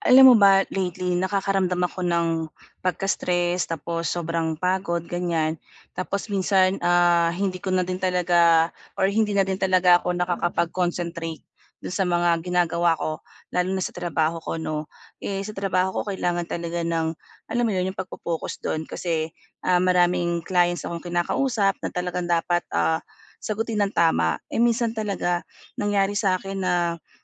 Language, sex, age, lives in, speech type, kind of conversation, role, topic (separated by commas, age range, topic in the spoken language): Filipino, female, 40-44, Philippines, conversation, advice, user, Paano ako makakapasok sa malalim na konsentrasyon nang hindi gaanong napapagod sa pag-iisip?